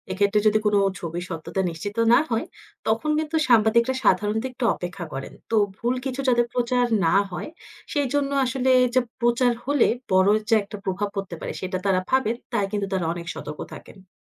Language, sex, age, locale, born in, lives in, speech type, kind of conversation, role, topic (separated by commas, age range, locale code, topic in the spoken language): Bengali, female, 25-29, bn-BD, Bangladesh, Finland, read, expert-assistant, expert, রেডিও বা টেলিভিশনে নতুন কোনো খবর প্রচার করার আগে আপনি কীভাবে জানেন যে তথ্যগুলো সত্যি এবং গুরুত্বপূর্ণ?